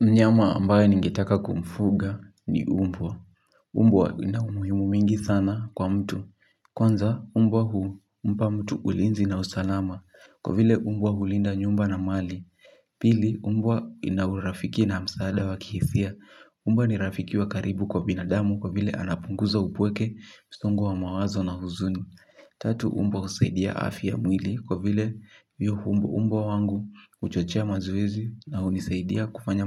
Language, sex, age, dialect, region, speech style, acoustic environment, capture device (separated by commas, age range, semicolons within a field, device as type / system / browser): Swahili, male, 18-24, Kenya - Kiambu, Kenya, anxious, silence, mobile / Linux / Chrome